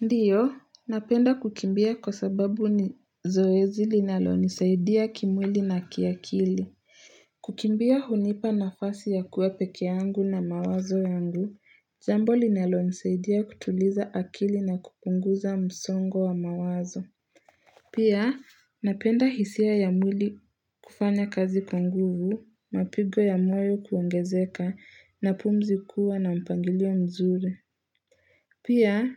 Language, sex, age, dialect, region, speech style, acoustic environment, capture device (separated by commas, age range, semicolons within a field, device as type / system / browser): Swahili, female, 18-24, Kenya - Nairobi Sheng-influenced, Kenya, relaxed, silence, mobile / Linux / Chrome